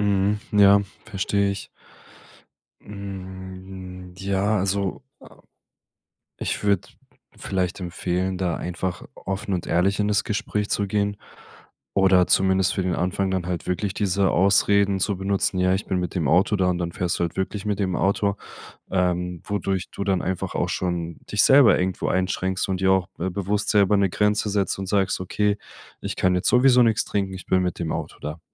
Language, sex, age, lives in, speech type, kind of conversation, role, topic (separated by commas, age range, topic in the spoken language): German, male, 25-29, Germany, conversation, advice, advisor, Wie kann ich an Feiern teilnehmen, ohne mich zu verstellen?